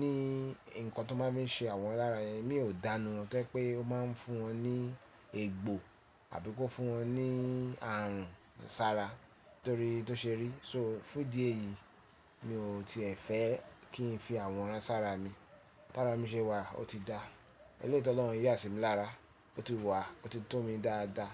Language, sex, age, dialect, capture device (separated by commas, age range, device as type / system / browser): Yoruba, male, 35-44, Nigeria - Lagos Yoruba, mobile / Linux / Chrome